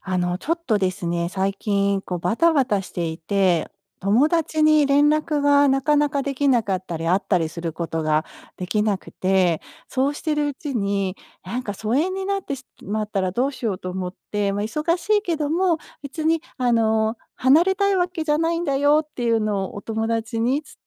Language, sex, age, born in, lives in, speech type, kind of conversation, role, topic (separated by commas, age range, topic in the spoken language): Japanese, female, 50-54, Japan, United States, conversation, advice, user, 忙しさで友だちと疎遠にならないために、友情をどう保てばいいですか？